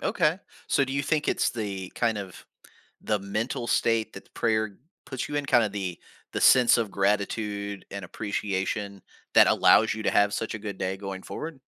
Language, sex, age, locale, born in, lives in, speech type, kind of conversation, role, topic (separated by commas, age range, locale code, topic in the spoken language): English, male, 40-44, en-US, United States, United States, conversation, podcast, host, How can building positive habits change your everyday life?